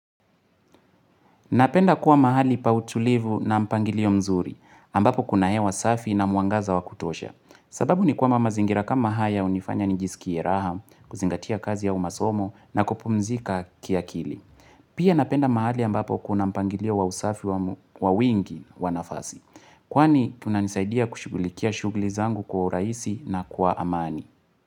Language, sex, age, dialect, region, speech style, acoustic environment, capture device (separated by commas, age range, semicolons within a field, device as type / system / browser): Swahili, male, 18-24, Kenya - Nairobi Sheng-influenced, Kenya, happy; relaxed, nature, mobile / Linux / Chrome